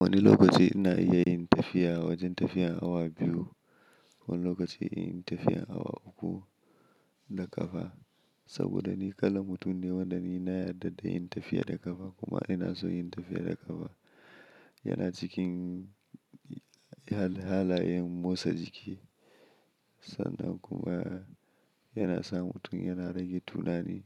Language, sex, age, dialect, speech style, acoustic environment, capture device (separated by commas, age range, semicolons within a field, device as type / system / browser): Hausa, male, 25-34, Nigeria - Kano, happy; anxious, silence, mobile / Linux / Chrome